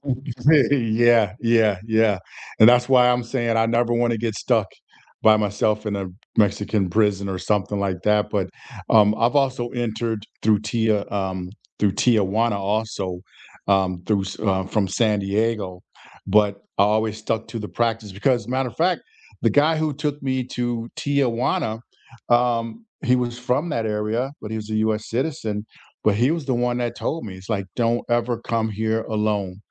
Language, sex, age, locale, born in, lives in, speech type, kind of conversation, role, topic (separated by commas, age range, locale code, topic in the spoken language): English, male, 60-64, en-US, United States, United States, conversation, unstructured, none, What is your favorite way to travel and explore—by train to take in the views, by plane for speed, or on a road trip at your own pace?